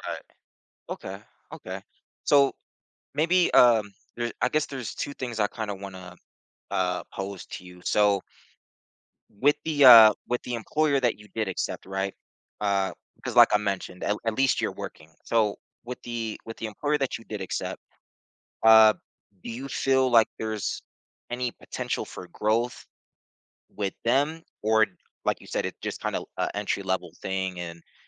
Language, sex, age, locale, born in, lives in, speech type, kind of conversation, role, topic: English, male, 30-34, en-US, United States, United States, conversation, advice, advisor, How can i move forward in my career and stay motivated after missing an important opportunity?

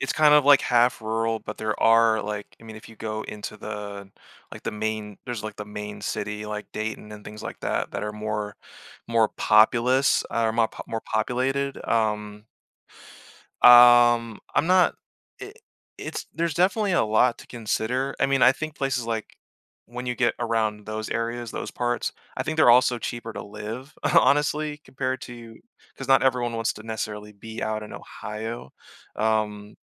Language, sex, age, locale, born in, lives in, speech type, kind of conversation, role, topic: English, male, 30-34, en-US, Germany, United States, conversation, advice, advisor, How can I embrace a fresh start and find direction after a big life change?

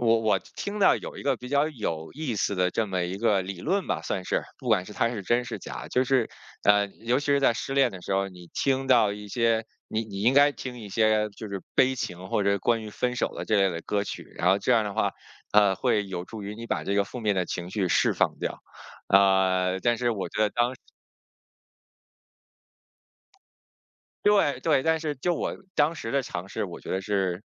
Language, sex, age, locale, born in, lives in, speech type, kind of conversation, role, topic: Chinese, male, 40-44, zh-CN, China, United States, conversation, podcast, guest, 听音乐对你的情绪有什么影响？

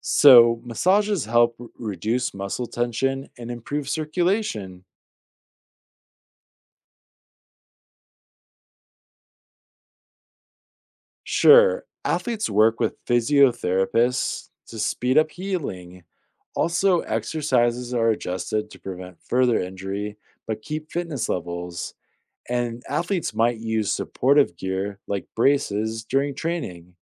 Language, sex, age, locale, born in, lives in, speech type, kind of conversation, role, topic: English, male, 30-34, en-US, United States, United States, read, expert-assistant, expert, How do athletes prepare for major competitions?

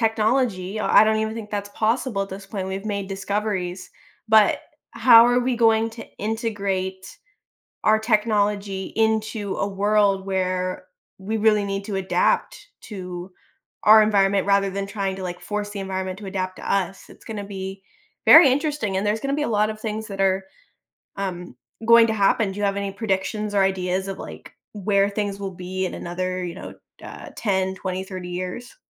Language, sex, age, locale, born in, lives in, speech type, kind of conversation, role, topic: English, female, 25-29, en-US, United States, United States, conversation, podcast, host, In what ways is climate change shaping the way we live and adapt in our daily lives?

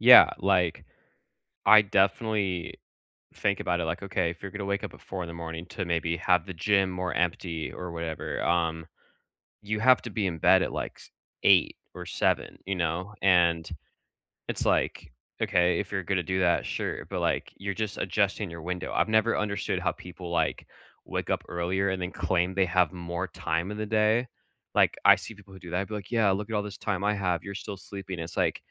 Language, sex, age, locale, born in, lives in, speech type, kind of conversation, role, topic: English, male, 25-29, en-US, United States, United States, conversation, unstructured, none, Which small, realistic morning rituals help you feel calm, and what could we learn from each other?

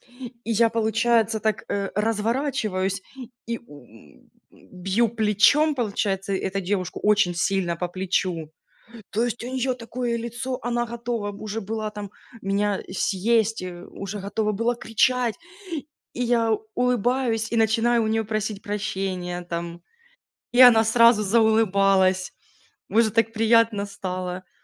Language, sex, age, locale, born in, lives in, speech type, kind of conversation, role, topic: Russian, female, 30-34, ru-RU, Ukraine, Spain, conversation, unstructured, none, Как вы справляетесь со стрессом в повседневной жизни?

